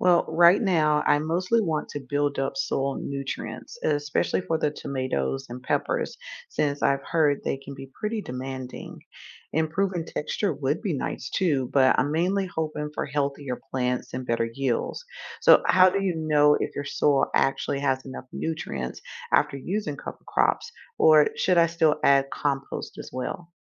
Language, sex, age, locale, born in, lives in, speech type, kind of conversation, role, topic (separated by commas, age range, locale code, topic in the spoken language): English, female, 45-49, en-US, United States, United States, read, expert-assistant, asker, What is the most sustainable way to increase crop yields?